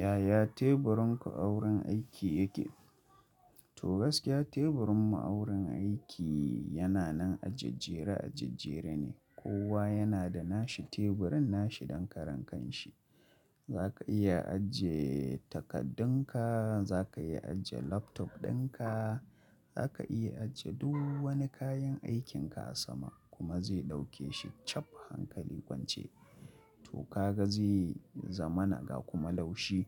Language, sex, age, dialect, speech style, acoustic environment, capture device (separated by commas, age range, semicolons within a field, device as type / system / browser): Hausa, male, 25-34, Nigeria - Kano, happy, silence, mobile / Linux / Chrome